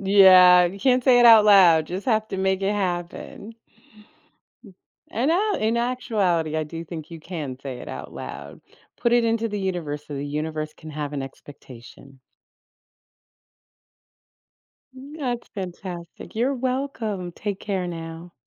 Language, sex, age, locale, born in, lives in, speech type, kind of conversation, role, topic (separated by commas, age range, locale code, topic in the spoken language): English, female, 55-59, en-US, United States, United States, conversation, advice, advisor, How can I start a fitness routine and stay motivated?